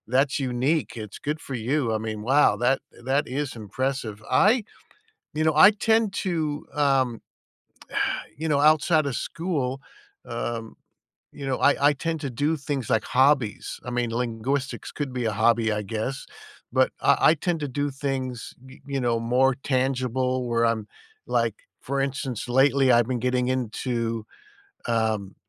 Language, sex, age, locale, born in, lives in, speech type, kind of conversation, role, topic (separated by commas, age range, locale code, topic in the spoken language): English, male, 60-64, en-US, United States, United States, conversation, unstructured, none, How do you keep your curiosity alive outside of school?